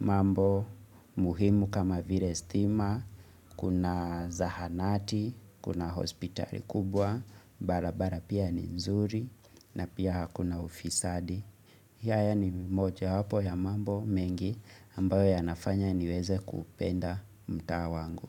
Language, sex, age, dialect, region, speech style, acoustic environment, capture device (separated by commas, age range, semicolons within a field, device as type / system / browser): Swahili, male, 25-34, Kenya - Nairobi Sheng-influenced, Kenya, relaxed; focused; excited; happy, silence, mobile / Linux / Chrome